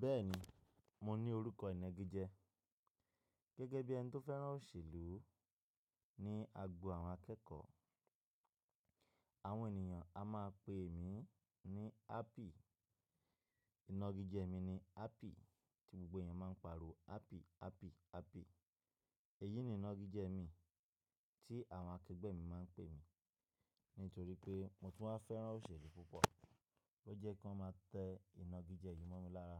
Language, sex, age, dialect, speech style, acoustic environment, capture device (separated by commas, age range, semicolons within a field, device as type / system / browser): Yoruba, male, 25-34, Nigeria - Nigerian Standard, happy, nature, mobile / Linux / Chrome